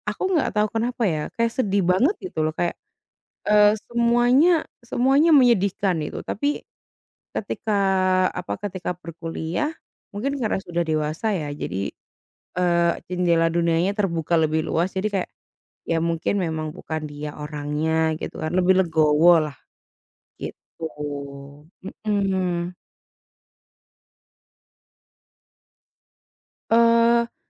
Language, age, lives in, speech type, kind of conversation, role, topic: Indonesian, 25-29, Indonesia, conversation, unstructured, none, Apa yang paling kamu takutkan jika hubungan kalian harus berakhir?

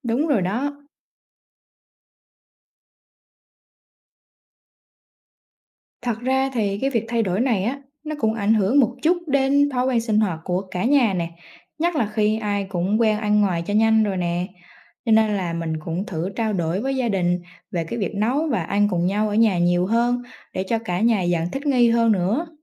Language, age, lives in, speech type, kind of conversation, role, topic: Vietnamese, 20-24, Vietnam, read, expert-assistant, asker, Tác động của việc sử dụng thực phẩm nhanh đối với sức khỏe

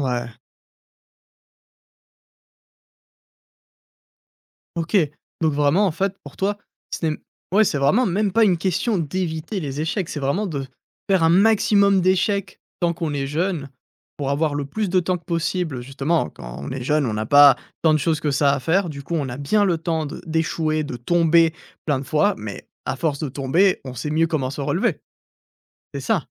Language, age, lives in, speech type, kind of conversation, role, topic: French, 20-24, France, conversation, podcast, host, Comment as-tu appris à te relever après un échec ?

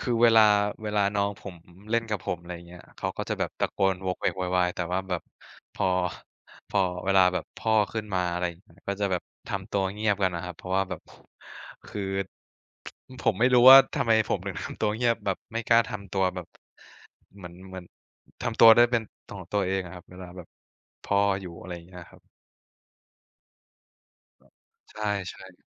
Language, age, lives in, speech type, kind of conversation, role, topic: Thai, 20-24, Thailand, conversation, unstructured, none, คุณจะทำอย่างไรเมื่อครอบครัวไม่เห็นด้วยกับการตัดสินใจของคุณ?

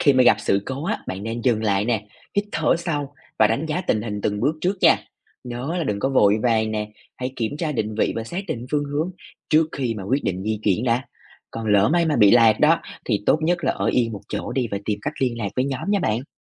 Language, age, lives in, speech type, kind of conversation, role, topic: Vietnamese, 25-29, Vietnam, read, expert-assistant, expert, Làm sao để tìm hiểu về bảo vệ động vật hoang dã một cách thực tế và dễ áp dụng vào cuộc sống hàng ngày?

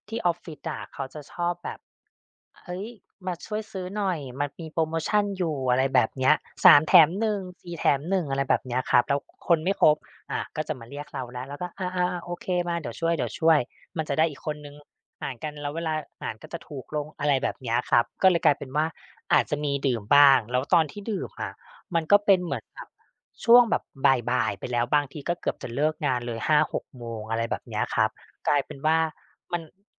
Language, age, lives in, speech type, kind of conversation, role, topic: Thai, 35-39, Thailand, conversation, advice, user, ทำไมฉันถึงง่วงตลอดวันทั้งที่นอนพอในตอนกลางคืน?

